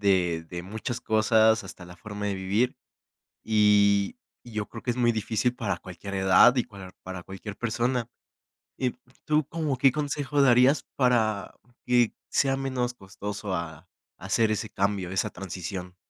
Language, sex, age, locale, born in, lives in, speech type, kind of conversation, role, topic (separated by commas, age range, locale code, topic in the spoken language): Spanish, male, 18-19, es-MX, Mexico, Mexico, conversation, podcast, host, ¿En qué aspectos sientes que perteneces tanto a este lugar como a otro?